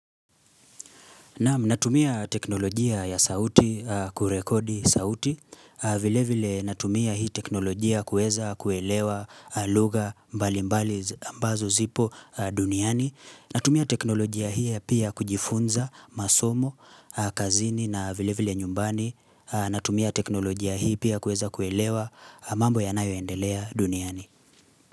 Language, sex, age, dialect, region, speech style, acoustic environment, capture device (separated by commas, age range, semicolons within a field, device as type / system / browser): Swahili, male, 25-34, Kenya - Nairobi Sheng-influenced, Kenya, relaxed; focused, silence, mobile / Linux / Chrome